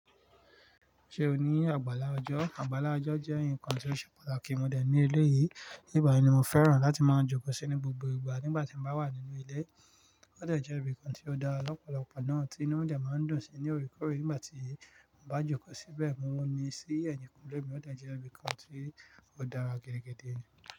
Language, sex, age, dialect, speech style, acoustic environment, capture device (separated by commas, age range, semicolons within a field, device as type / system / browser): Yoruba, male, 35-44, Nigeria - Nigerian Standard, relaxed, nature, mobile / Linux / Chrome